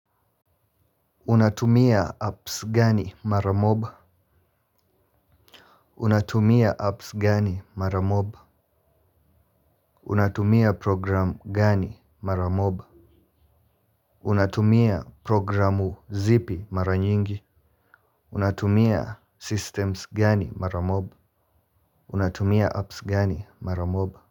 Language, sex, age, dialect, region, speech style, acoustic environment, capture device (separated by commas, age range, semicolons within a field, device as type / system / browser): Swahili, male, 18-24, Kenya - Nairobi Sheng-influenced, Kenya, focused; relaxed, silence; nature, mobile / Linux / Chrome